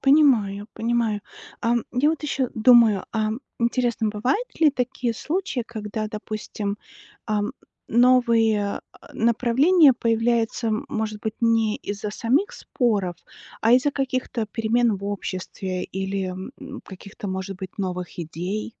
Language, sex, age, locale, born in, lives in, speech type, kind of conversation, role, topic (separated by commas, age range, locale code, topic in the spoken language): Russian, female, 40-44, ru-RU, Belarus, United States, read, expert-assistant, asker, Почему иногда идеи одной религии начинают расходиться, и появляются новые направления или общины?